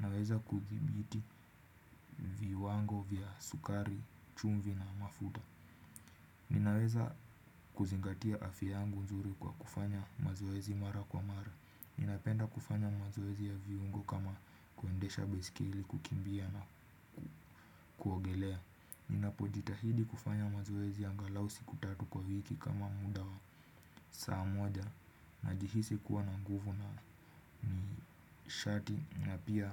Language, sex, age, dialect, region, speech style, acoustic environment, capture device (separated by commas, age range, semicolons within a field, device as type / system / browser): Swahili, male, 18-24, Kenya - Nairobi Sheng-influenced, Kenya, relaxed, silence, desktop / Windows / Chrome